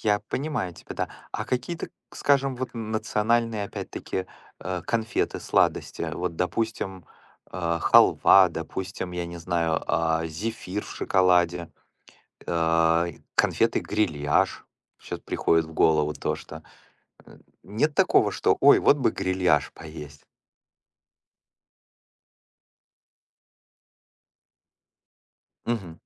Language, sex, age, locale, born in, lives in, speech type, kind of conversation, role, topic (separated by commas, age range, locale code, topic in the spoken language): Russian, male, 45-49, ru-RU, Ukraine, United States, conversation, unstructured, none, Какие блюда вашей культуры вы больше всего любите готовить?